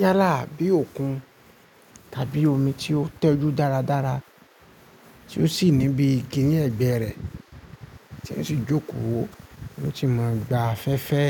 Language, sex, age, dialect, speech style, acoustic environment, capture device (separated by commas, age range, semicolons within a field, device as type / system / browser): Yoruba, male, 25-34, Nigeria - Ibadan, focused, appliances, mobile / Linux / Chrome